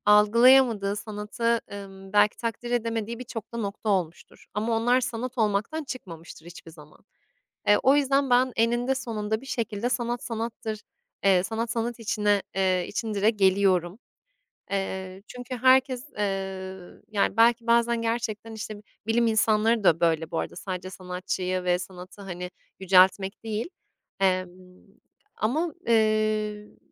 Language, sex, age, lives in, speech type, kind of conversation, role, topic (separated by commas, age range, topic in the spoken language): Turkish, female, 25-29, Italy, conversation, podcast, guest, Taşınmak veya göç etmek müzik zevkini nasıl değiştirdi?